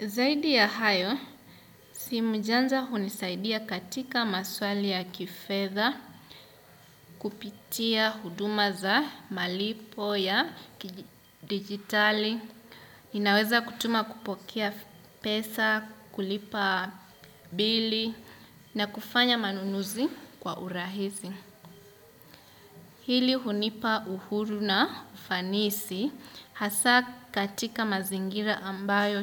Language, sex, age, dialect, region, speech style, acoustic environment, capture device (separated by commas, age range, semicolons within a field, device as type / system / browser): Swahili, male, 25-34, Kenya - Mombasa, Kenya, happy, silence, desktop / Windows / Chrome